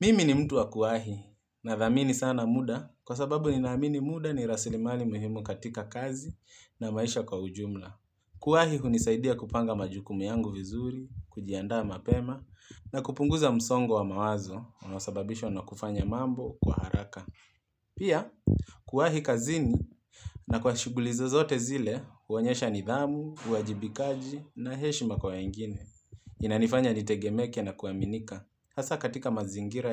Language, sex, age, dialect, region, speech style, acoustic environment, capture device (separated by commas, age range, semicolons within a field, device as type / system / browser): Swahili, male, 25-34, Kenya - Nairobi Sheng-influenced, Kenya, focused; relaxed, nature; appliances, mobile / Linux / Chrome